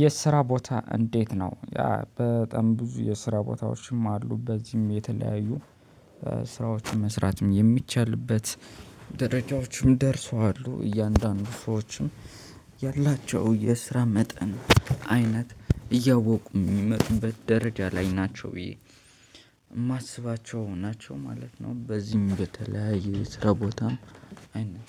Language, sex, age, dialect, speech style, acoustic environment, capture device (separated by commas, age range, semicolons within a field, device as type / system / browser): Amharic, male, 25-34, Ethiopia - Addis Ababa, happy; focused, people talking, mobile / Linux / Chrome